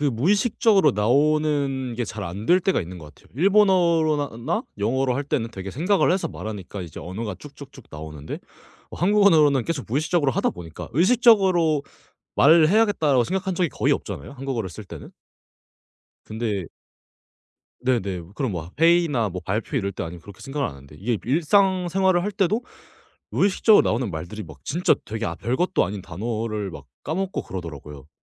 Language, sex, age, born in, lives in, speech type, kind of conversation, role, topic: Korean, male, 18-19, South Korea, Japan, conversation, podcast, guest, 언어가 바뀌면서 가장 힘들었던 점은 무엇이었나요?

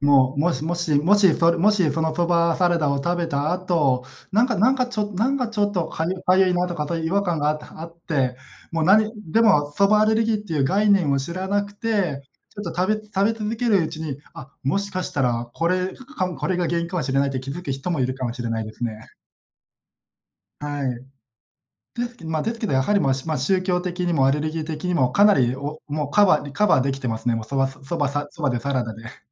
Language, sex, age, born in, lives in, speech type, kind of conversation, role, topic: Japanese, male, 30-34, Japan, Japan, conversation, podcast, host, 簡単に作れて喜ばれるおもてなし料理は何ですか？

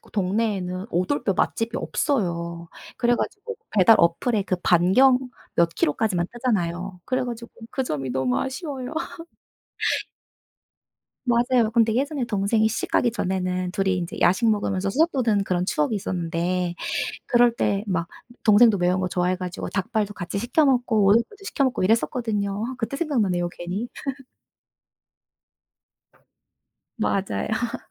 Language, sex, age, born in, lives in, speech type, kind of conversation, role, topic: Korean, female, 30-34, South Korea, South Korea, conversation, unstructured, none, 당신이 가장 좋아하는 음식은 무엇인가요?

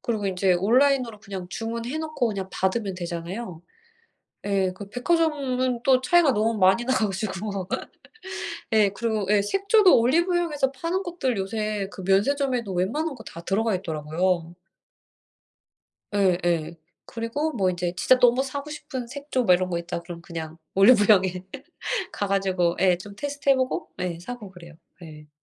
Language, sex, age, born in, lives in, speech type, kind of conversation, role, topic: Korean, female, 40-44, South Korea, United States, conversation, unstructured, none, 온라인 쇼핑과 오프라인 쇼핑 중 어떤 것을 더 선호하시나요?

